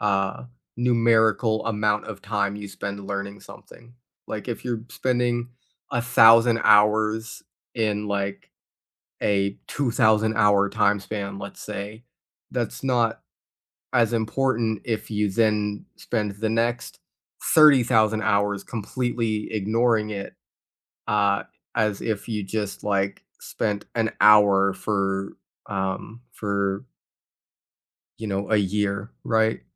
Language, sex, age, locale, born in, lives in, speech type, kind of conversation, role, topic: English, other, 20-24, en-US, United States, United States, conversation, unstructured, none, What helped you learn a new skill as an adult?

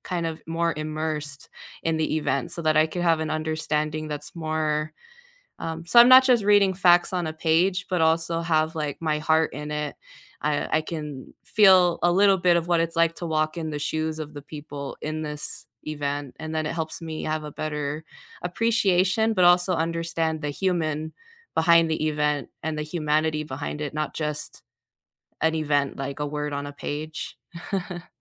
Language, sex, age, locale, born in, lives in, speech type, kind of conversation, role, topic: English, female, 25-29, en-US, United States, United States, conversation, unstructured, none, How do you like to learn about history, and how does it help you feel connected?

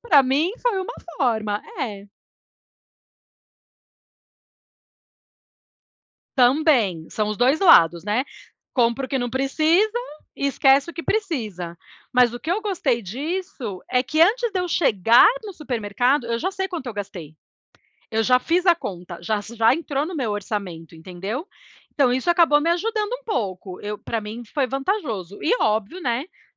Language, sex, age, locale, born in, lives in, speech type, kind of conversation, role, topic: Portuguese, female, 40-44, pt-BR, Brazil, United States, conversation, unstructured, none, Como você se sente quando consegue guardar dinheiro?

